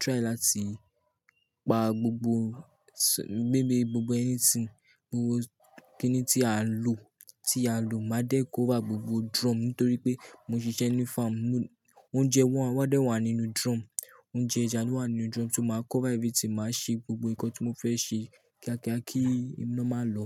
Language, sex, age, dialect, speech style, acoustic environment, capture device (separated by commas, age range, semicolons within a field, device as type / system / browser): Yoruba, male, 18-24, Nigeria - Lagos Yoruba, nervous, people talking; nature; music; appliances, mobile / Linux / Chrome